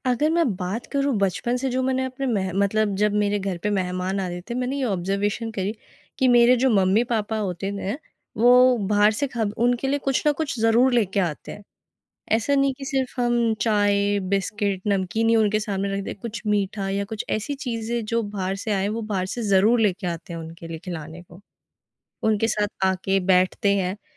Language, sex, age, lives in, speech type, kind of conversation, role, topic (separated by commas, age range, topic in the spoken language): Hindi, female, 20-24, India, conversation, podcast, guest, Mehmaan aane par tumhari mehmaan-nawazi ka signature touch kya hota hai?